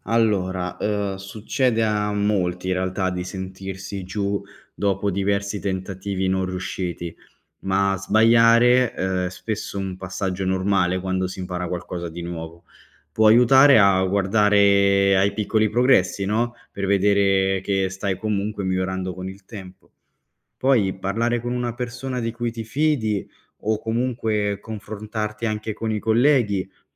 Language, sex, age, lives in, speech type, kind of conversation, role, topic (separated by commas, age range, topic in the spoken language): Italian, male, 25-29, Italy, read, expert-assistant, expert, Come si può sviluppare la capacità di adattarsi a nuove situazioni?